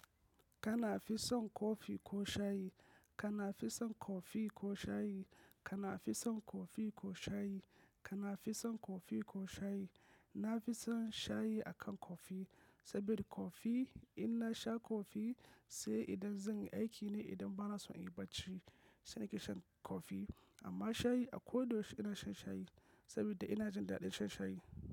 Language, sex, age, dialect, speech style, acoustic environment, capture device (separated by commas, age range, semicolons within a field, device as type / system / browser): Hausa, male, 25-34, Nigeria - Kano, focused, nature; silence, mobile / macOS / Safari